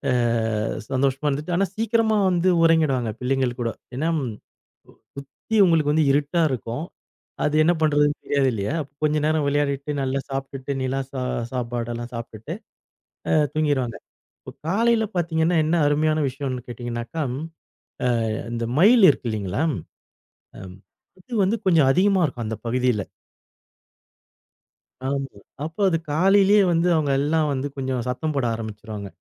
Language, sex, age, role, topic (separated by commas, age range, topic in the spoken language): Tamil, male, 40-44, guest, சிறு குழந்தைகளுடன் இயற்கைச் சூழலில் கவனத்தை எவ்வாறு வளர்ப்பது?